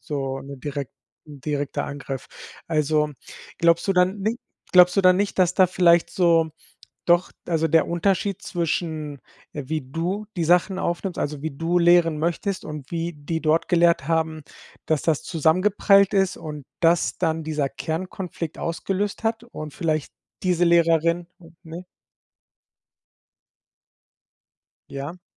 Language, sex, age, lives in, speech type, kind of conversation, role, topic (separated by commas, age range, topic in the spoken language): German, male, 40-44, Spain, conversation, advice, advisor, Wie kann ich bei unklarer Kritik höflich um konkrete Beispiele und umsetzbare nächste Schritte bitten?